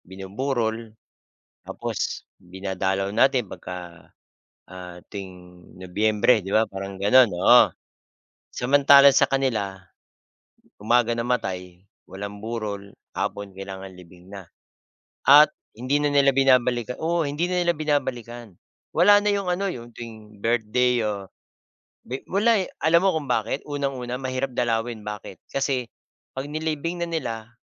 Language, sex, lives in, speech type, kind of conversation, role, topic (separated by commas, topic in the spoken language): Filipino, male, Philippines, conversation, unstructured, none, Paano mo ipaliliwanag ang kahalagahan ng pamilya sa ating kultura?